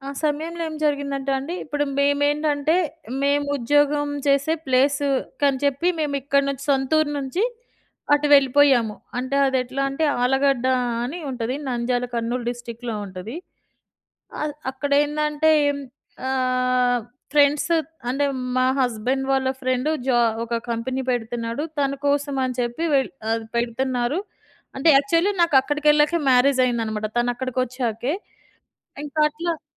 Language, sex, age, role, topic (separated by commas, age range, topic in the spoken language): Telugu, female, 40-44, guest, ఒక ఆర్థిక సంక్షోభం మీ దృక్పథాన్ని ఎలా మార్చిందో చెప్పగలరా?